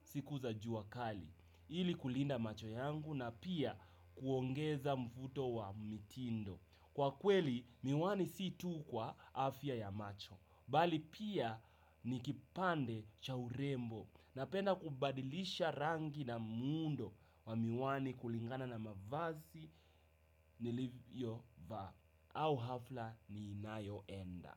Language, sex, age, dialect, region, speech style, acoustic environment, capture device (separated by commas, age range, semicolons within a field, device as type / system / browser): Swahili, male, 18-24, Kenya - Nairobi Sheng-influenced, Kenya, happy, music; nature; silence; traffic; people talking; construction; appliances, desktop / Windows / Chrome